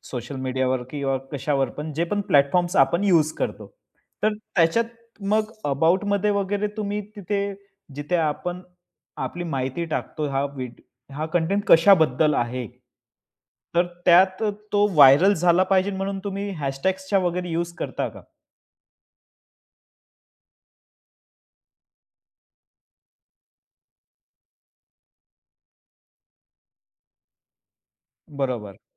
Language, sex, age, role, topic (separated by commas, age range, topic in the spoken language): Marathi, male, 25-29, host, मजकूर निवड व संपादन करताना सर्वात महत्त्वाचे काय असते?